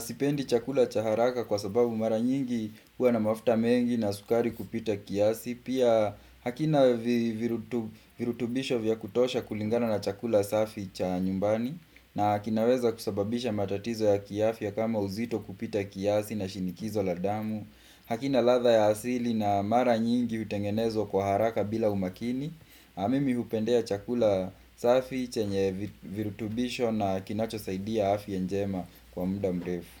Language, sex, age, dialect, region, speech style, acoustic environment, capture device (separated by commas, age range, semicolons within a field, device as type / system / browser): Swahili, male, 25-34, Kenya - Kiambu, Kenya, relaxed, nature, desktop / Windows / Chrome